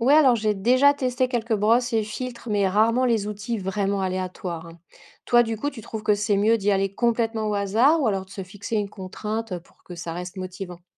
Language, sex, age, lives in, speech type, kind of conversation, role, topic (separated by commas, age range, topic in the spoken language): French, female, 40-44, France, read, expert-assistant, asker, Quelles astuces rendent vraiment l’apprentissage des arts numériques plus efficace au quotidien ?